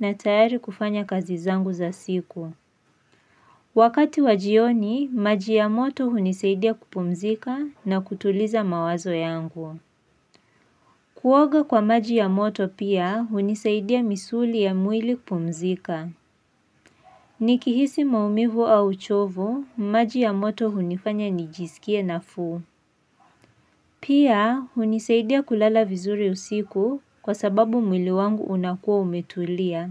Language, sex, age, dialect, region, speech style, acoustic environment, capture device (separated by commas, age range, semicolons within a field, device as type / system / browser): Swahili, female, 18-24, Kenya - Nairobi Sheng-influenced, Kenya, focused, silence, mobile / Linux / Chrome